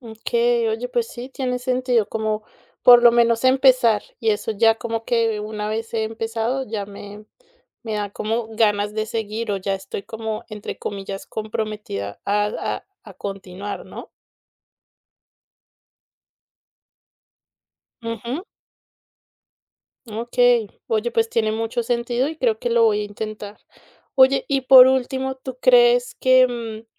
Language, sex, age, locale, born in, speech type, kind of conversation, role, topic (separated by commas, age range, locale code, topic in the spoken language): Spanish, female, 30-34, es-CO, Colombia, conversation, advice, user, ¿Cómo sueles procrastinar tareas importantes hasta el último momento?